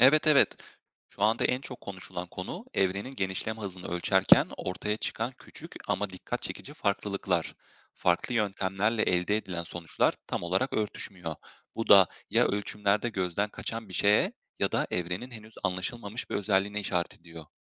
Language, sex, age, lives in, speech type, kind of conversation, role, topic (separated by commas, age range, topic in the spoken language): Turkish, male, 25-29, Germany, read, expert-assistant, expert, Evrenin genişlediğini söyleyen bilgilere nasıl güveniyoruz? Hangi kaynaklara dayanıyorlar?